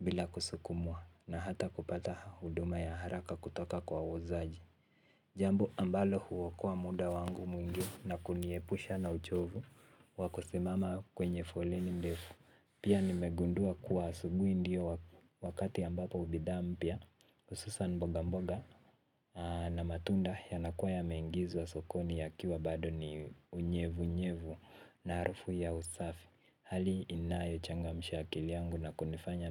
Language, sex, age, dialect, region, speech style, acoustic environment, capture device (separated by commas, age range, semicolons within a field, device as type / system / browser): Swahili, male, 18-24, Kenya - Nairobi Sheng-influenced, Kenya, relaxed, silence, mobile / Linux / Chrome